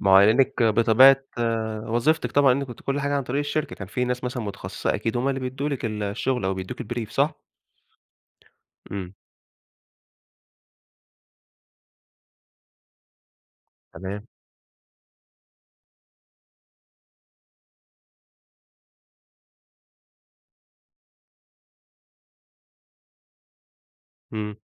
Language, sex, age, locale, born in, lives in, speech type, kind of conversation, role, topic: Arabic, male, 25-29, ar-EG, Egypt, Egypt, conversation, advice, advisor, إزاي أختار بين إني أكمّل في شغلانة مستقرة ولا أبدأ شغل حر؟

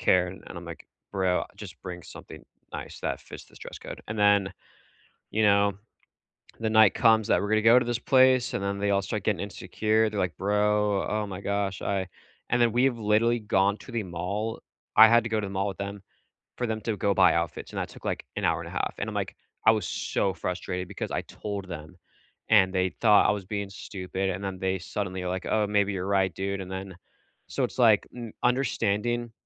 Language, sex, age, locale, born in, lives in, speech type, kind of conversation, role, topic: English, male, 25-29, en-US, United States, United States, conversation, unstructured, none, What travel tip do you absolutely swear by, even for short trips, and how did you discover it?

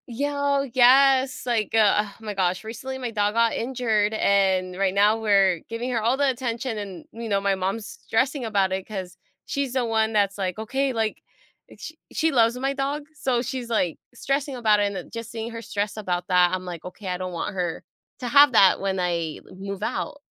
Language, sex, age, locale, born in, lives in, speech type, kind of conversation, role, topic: English, female, 25-29, en-US, United States, United States, conversation, advice, user, How can I adjust to living alone?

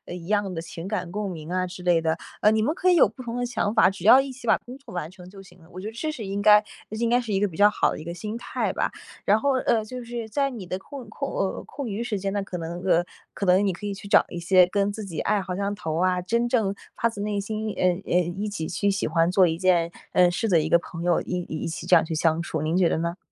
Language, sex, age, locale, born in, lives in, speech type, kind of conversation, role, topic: Chinese, female, 20-24, zh-CN, China, United States, conversation, advice, advisor, 你为什么会回避社交机会，从而让自己的孤立感越来越强？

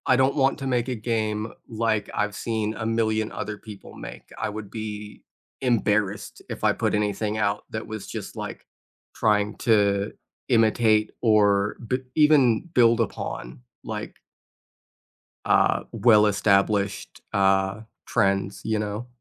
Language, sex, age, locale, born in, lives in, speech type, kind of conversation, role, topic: English, other, 20-24, en-US, United States, United States, conversation, unstructured, none, What would make your long-term goals feel more fun and doable in everyday life?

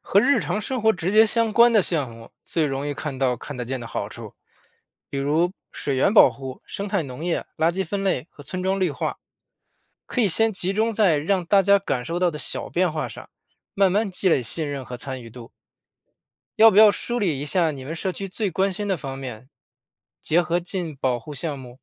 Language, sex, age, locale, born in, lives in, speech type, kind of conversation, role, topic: Chinese, male, 35-39, zh-CN, China, United States, read, expert-assistant, expert, 在野生动物保护中，哪些物种的保护最应该被优先考虑？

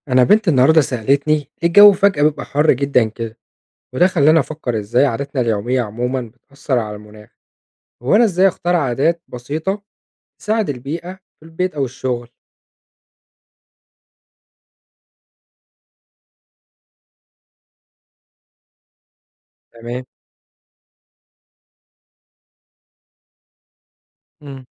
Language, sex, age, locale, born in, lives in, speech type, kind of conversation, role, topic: Arabic, male, 25-29, ar-EG, Egypt, Egypt, read, expert-assistant, asker, إزاي أختار عادات في حياتي اليومية تكون فعلاً ليها تأثير إيجابي على المناخ؟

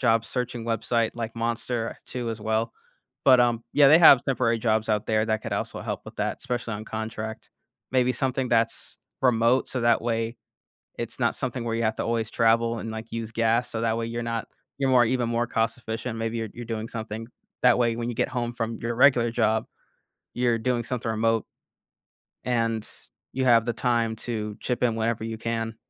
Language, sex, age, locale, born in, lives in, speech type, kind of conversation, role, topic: English, male, 25-29, en-US, United States, United States, conversation, podcast, guest, What are some practical ways to build a strong saving habit from the beginning?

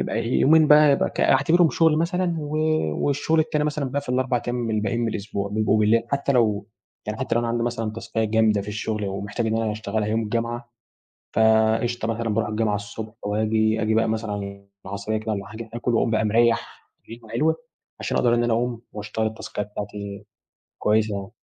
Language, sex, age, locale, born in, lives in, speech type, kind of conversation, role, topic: Arabic, male, 18-19, ar-EG, Egypt, Egypt, conversation, podcast, guest, إزاي بتعرف توازن بجد بين الشغل والراحة؟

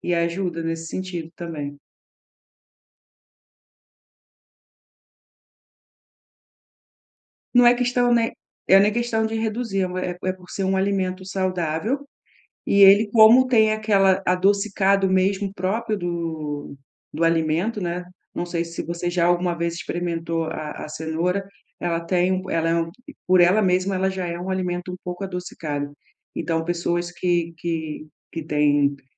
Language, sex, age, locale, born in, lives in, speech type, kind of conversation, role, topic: Portuguese, female, 40-44, pt-BR, Brazil, Portugal, conversation, advice, advisor, Como posso controlar melhor os lanches e os desejos alimentares?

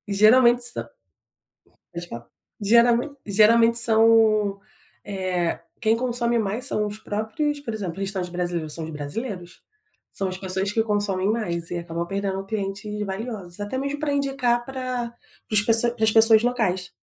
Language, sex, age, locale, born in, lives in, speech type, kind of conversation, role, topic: Portuguese, female, 30-34, pt-BR, Brazil, France, conversation, podcast, guest, Como a migração mudou a forma como você se alimenta?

